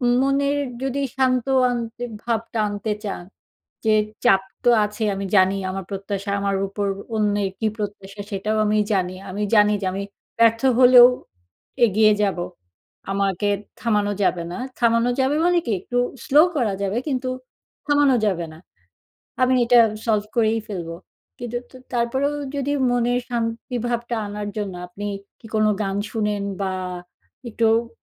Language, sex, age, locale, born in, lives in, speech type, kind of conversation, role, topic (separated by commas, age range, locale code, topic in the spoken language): Bengali, female, 40-44, bn-BD, Bangladesh, Finland, conversation, podcast, host, নিজের প্রতি বিশ্বাস বাড়াতে আপনি কী করেন?